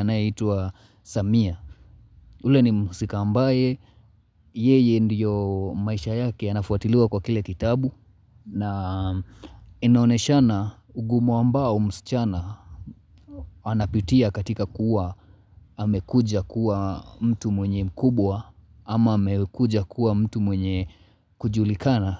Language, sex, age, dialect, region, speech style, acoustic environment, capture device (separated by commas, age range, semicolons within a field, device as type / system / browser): Swahili, male, 25-34, Kenya - Mombasa, Kenya, relaxed, nature; silence, mobile / Linux / Chrome